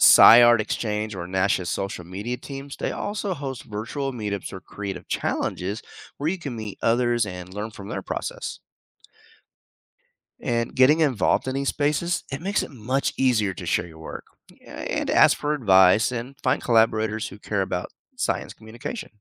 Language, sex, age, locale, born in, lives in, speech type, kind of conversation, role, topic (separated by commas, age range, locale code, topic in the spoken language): English, male, 45-49, en-US, United States, United States, read, expert-assistant, expert, How can non-scientists contribute to space exploration?